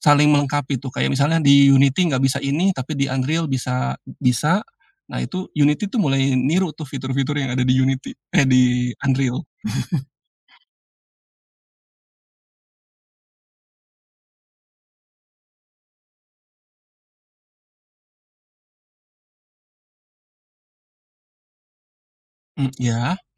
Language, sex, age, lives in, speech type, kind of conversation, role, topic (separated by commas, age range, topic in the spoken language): Indonesian, male, 45-49, Indonesia, conversation, podcast, guest, Apa peran teman atau komunitas dalam membantu kita mempertahankan rutinitas?